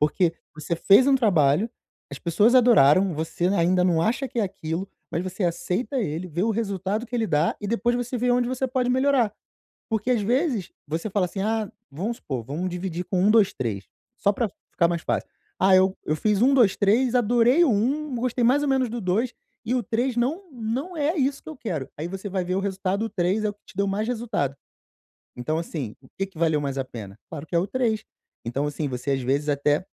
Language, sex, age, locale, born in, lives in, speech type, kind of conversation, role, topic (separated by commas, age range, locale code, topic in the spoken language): Portuguese, male, 35-39, pt-BR, Brazil, Portugal, conversation, advice, advisor, Como posso aceitar resultados imperfeitos para continuar progredindo?